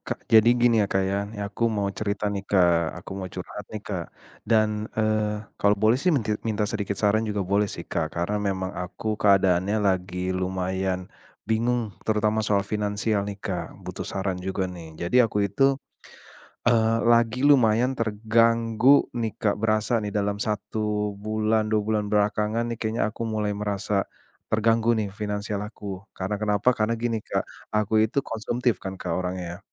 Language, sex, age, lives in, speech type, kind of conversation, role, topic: Indonesian, male, 35-39, Indonesia, conversation, advice, user, Mengapa saya sulit menolak diskon besar karena takut kehilangan kesempatan?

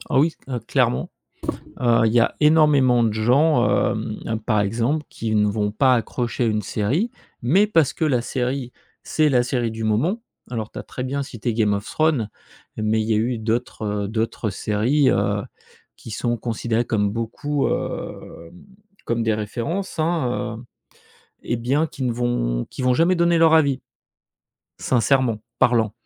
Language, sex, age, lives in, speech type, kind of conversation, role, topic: French, male, 45-49, France, conversation, podcast, guest, Comment les réseaux sociaux changent-ils ce qu'on regarde et ce qu'on aime ?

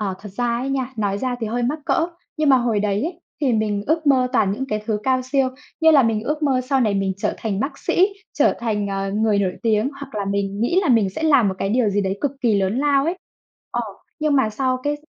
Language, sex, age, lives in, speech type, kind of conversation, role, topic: Vietnamese, female, 25-29, Vietnam, conversation, unstructured, none, Ước mơ lớn nhất của bạn là gì?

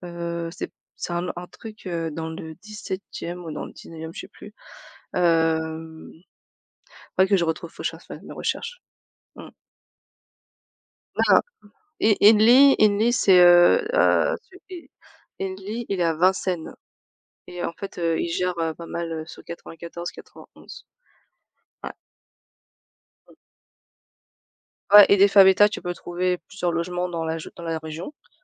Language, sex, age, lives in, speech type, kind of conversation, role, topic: French, female, 35-39, France, conversation, unstructured, none, Comment réagis-tu face à des voisins bruyants ?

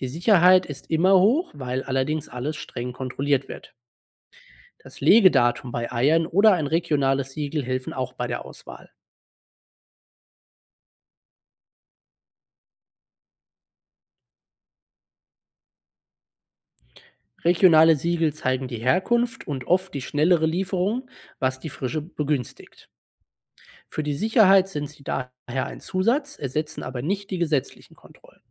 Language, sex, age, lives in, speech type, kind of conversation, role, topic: German, male, 25-29, Germany, read, expert-assistant, expert, Sind regionale Lebensmittel wirklich sicherer als importierte Produkte – und worauf sollte man beim Einkauf achten?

